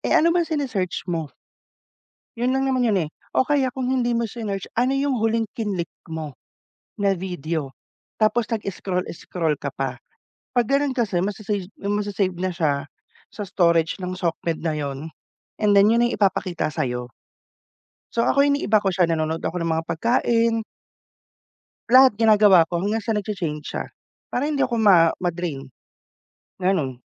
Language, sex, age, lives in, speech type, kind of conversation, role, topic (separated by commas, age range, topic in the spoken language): Filipino, male, 30-34, Philippines, conversation, unstructured, none, Paano mo nakikita ang epekto ng midyang panlipunan sa ating pang-araw-araw na buhay?